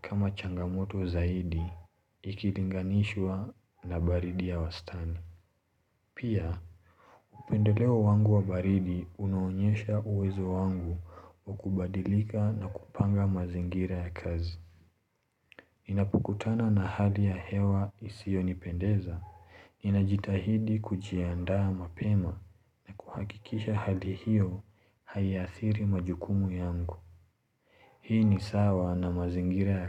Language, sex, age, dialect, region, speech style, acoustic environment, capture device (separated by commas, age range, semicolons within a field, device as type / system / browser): Swahili, male, 25-34, Kenya - Mombasa, Kenya, relaxed, silence, mobile / Linux / Chrome